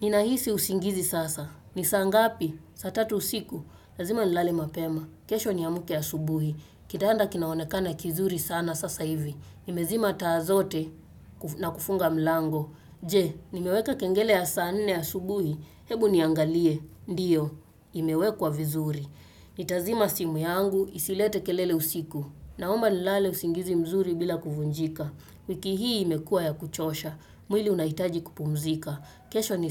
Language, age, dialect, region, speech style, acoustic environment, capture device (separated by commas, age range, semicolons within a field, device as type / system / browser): Swahili, 25-34, Kenya - Nairobi Sheng-influenced, Kenya, focused; relaxed, nature; appliances, desktop / Windows / Chrome